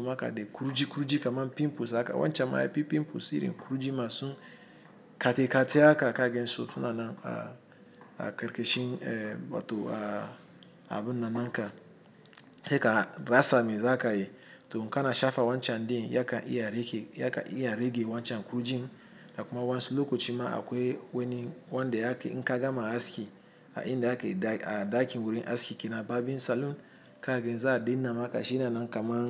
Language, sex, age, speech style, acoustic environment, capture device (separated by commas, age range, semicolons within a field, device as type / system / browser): Hausa, male, 35-44, happy, traffic, mobile / Linux / Chrome